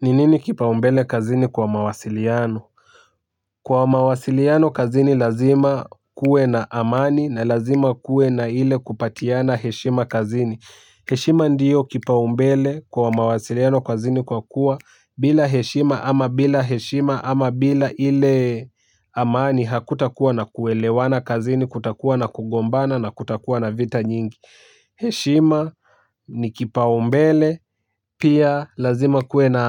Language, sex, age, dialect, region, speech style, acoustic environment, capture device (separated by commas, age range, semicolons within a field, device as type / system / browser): Swahili, male, 18-24, Kenya - Nairobi Sheng-influenced, Kenya, excited, people talking; music; nature, mobile / Linux / Chrome